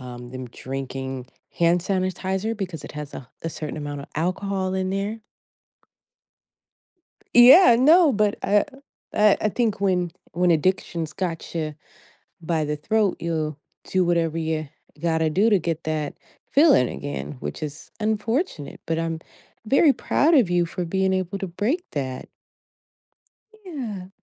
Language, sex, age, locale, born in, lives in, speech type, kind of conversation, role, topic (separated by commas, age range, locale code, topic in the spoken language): English, female, 35-39, en-US, United States, United States, conversation, advice, advisor, How can I keep feeling awe after achieving something?